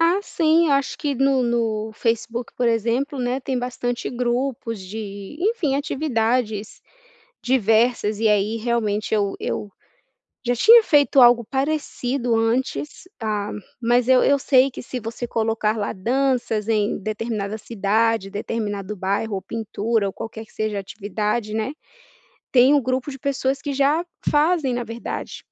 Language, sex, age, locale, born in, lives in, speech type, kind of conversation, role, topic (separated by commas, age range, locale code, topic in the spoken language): Portuguese, female, 30-34, pt-BR, Brazil, United States, conversation, advice, user, Como posso construir mais confiança para interagir socialmente?